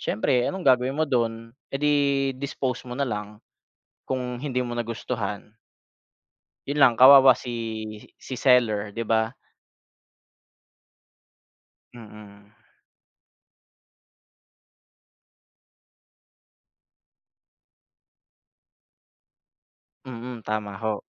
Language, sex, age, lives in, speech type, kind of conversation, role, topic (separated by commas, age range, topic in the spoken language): Filipino, male, 30-34, Philippines, conversation, unstructured, none, Alin ang mas praktikal: pamimili sa internet o pamimili sa mall?